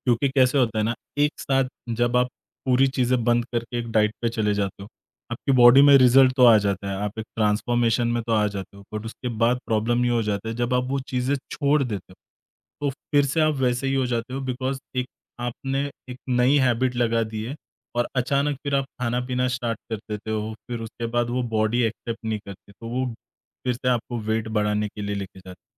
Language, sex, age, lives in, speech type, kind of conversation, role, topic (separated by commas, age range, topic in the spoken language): Hindi, male, 30-34, India, conversation, podcast, guest, Tumhari kaunsi purani hobby hai jise tum dubara shuru karna chahoge?